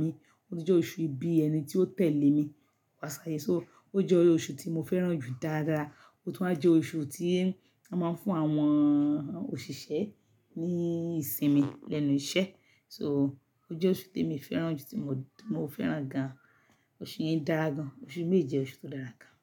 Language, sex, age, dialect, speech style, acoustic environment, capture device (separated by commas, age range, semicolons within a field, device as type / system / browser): Yoruba, female, 45-54, Nigeria - Lagos Yoruba, happy, silence, mobile / Linux / Chrome